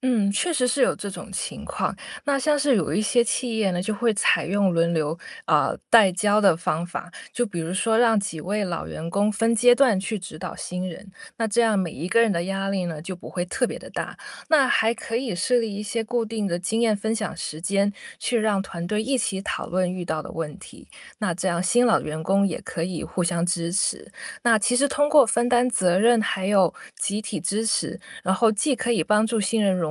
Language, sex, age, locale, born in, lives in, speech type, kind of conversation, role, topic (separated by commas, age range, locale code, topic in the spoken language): Chinese, female, 30-34, zh-CN, China, United States, read, expert-assistant, expert, 在自然界中，有没有类似“互惠互利”这种人际关系的生态现象？能举例说明吗？